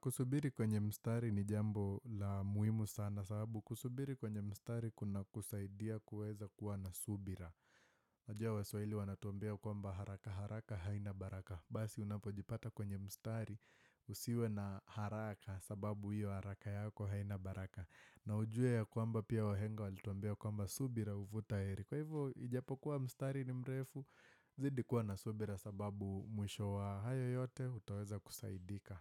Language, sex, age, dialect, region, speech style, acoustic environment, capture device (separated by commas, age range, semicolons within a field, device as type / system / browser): Swahili, male, 18-24, Kenya - Nairobi Sheng-influenced, Kenya, happy, silence, desktop / Windows / Firefox